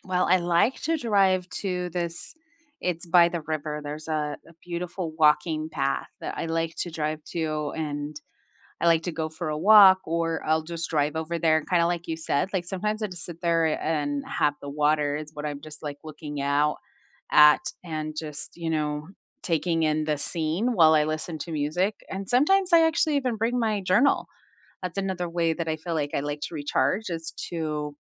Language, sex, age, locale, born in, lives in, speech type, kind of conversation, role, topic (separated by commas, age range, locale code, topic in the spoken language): English, female, 45-49, en-US, United States, United States, conversation, unstructured, none, What is a simple way you like to recharge, and how can we make space for it together?